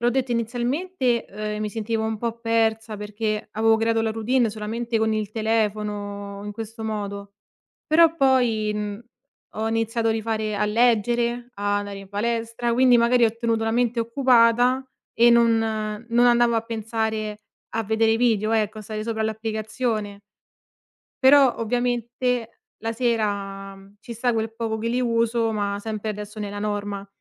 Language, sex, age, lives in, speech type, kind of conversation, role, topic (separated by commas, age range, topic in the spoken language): Italian, female, 25-29, Italy, conversation, podcast, guest, Hai mai fatto una pausa dai social network, e com’è andata?